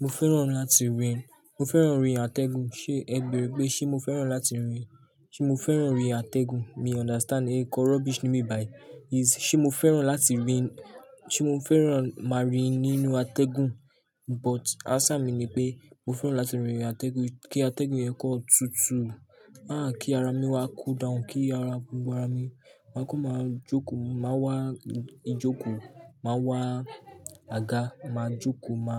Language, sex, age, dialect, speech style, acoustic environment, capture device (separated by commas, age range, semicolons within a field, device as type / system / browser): Yoruba, male, 18-24, Nigeria - Lagos Yoruba, relaxed, people talking; nature; appliances, mobile / Linux / Chrome